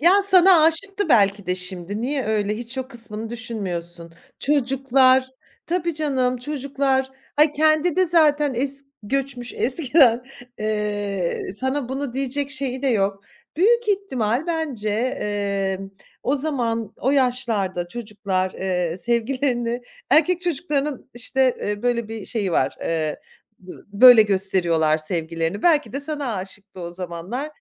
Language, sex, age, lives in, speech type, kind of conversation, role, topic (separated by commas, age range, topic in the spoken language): Turkish, female, 45-49, France, conversation, podcast, host, Evde kim hangi dili konuşurdu ve bu durum seni nasıl etkiledi?